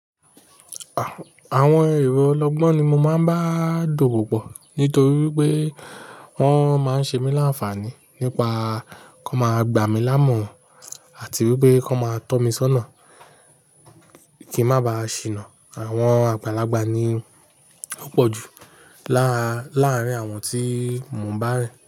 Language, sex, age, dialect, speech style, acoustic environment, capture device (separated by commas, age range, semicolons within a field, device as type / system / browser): Yoruba, male, 35-44, Nigeria - Nigerian Standard, relaxed, nature, mobile / Linux / Chrome